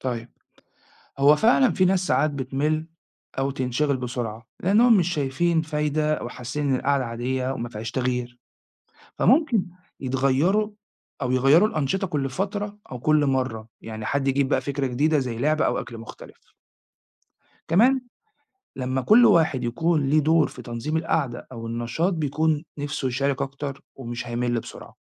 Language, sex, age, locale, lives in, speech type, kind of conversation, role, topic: Arabic, male, 40-44, ar-EG, Italy, read, expert-assistant, expert, ما هي أهمية التواصل الفعّال بين أفراد الأسرة؟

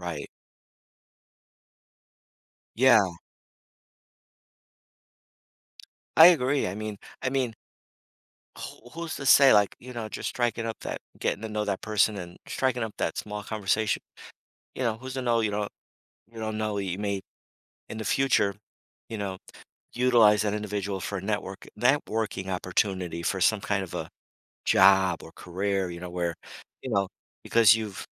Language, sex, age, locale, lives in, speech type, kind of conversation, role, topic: English, male, 55-59, en-US, United States, conversation, podcast, guest, What everyday actions help neighbors build stronger connections and a sense of belonging?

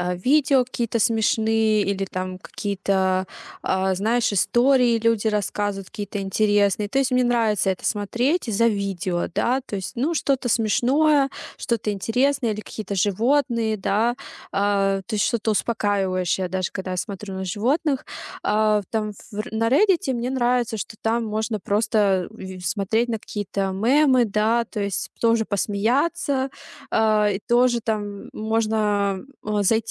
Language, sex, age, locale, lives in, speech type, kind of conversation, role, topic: Russian, female, 30-34, ru-RU, Germany, conversation, advice, user, Как отучиться пользоваться экраном перед сном и спокойно засыпать?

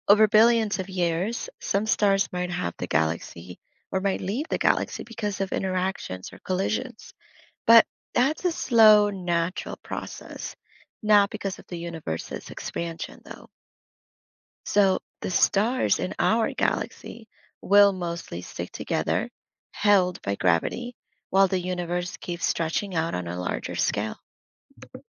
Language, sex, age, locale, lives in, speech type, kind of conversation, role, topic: English, female, 30-34, en-US, United States, read, expert-assistant, expert, How can I use a simple demonstration or analogy to explain how the universe is expanding?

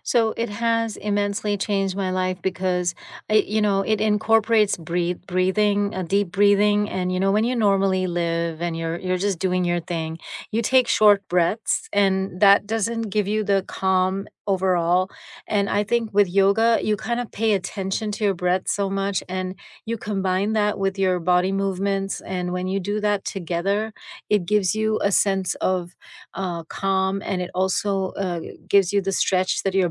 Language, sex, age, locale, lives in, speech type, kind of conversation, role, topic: English, female, 50-54, en-US, United States, conversation, unstructured, none, What is the best part of your morning routine, and what makes it meaningful to you?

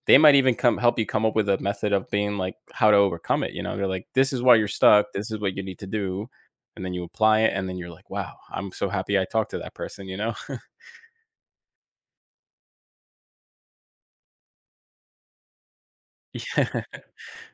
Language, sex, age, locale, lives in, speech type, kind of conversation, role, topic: English, male, 30-34, en-US, United States, conversation, podcast, guest, What helps you stay resilient and keep moving forward when you face obstacles in life?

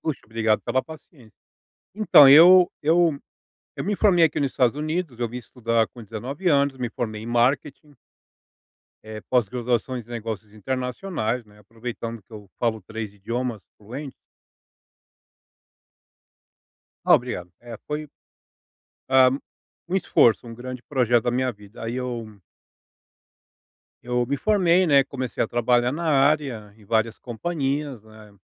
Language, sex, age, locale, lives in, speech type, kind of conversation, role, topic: Portuguese, male, 40-44, pt-BR, United States, conversation, advice, user, Como posso visualizar diferentes caminhos para o meu futuro?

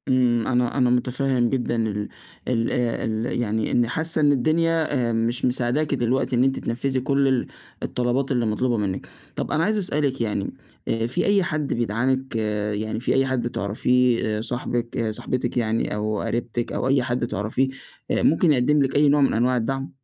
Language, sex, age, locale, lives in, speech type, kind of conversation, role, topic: Arabic, male, 20-24, ar-EG, Egypt, conversation, advice, advisor, ازاي أقدر أتأقلم مع تغييرات مفاجئة في الشغل أو في حياتي الشخصية؟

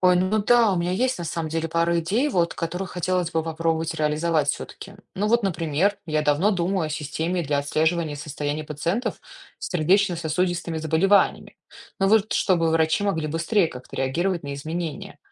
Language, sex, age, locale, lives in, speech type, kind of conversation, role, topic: Russian, female, 35-39, ru-RU, United States, read, expert-assistant, asker, В чем разница между карьерой хирурга, невролога и медицинского инженера для инженера?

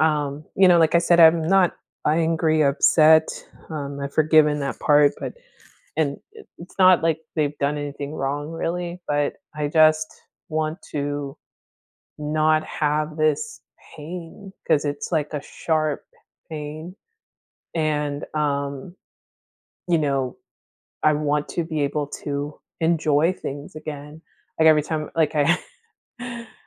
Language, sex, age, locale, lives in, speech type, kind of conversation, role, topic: English, female, 30-34, en-US, United States, conversation, advice, user, How can I cope with frustration when my healing is slower than expected?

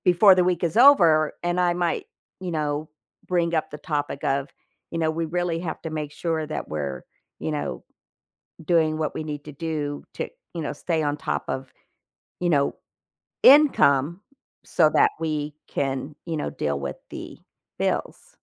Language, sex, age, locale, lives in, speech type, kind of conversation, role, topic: English, female, 55-59, en-US, United States, conversation, advice, user, How can I communicate better with my partner during disagreements?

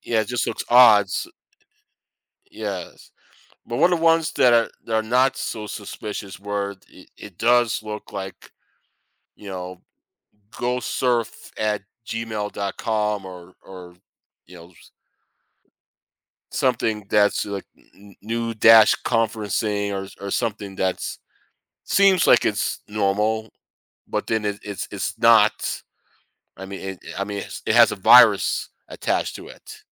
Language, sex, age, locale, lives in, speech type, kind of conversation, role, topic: English, male, 50-54, en-US, United States, conversation, advice, user, How can I keep my smartphone safe from malware and protect my personal data?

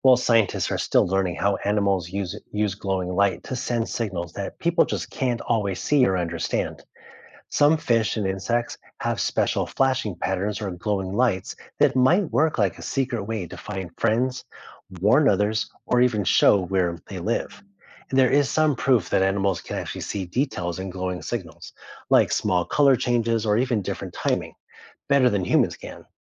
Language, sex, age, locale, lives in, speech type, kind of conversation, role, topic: English, male, 35-39, en-US, United States, read, expert-assistant, expert, Why do some animals glow in the dark?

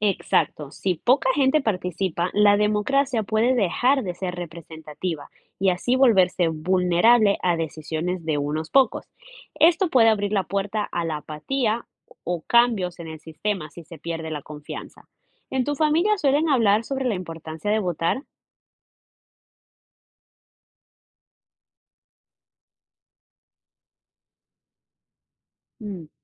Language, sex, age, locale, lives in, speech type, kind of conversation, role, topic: Spanish, female, 20-24, es-EC, United States, read, expert-assistant, expert, Si quiero entender bien cómo funcionan los sistemas de gobierno, ¿qué lugares o recursos me recomendarías para empezar?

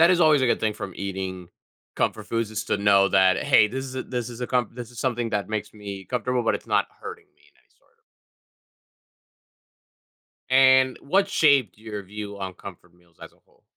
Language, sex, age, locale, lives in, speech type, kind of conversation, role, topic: English, male, 20-24, en-US, United States, conversation, podcast, host, How do food and memories come together to create a sense of comfort?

